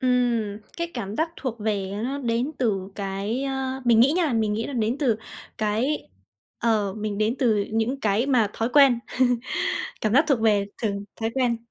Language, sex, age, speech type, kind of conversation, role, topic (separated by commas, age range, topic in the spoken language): Vietnamese, female, 20-24, conversation, podcast, guest, Khi sống giữa hai nơi khác nhau, bạn cảm thấy mình thuộc về nơi nào?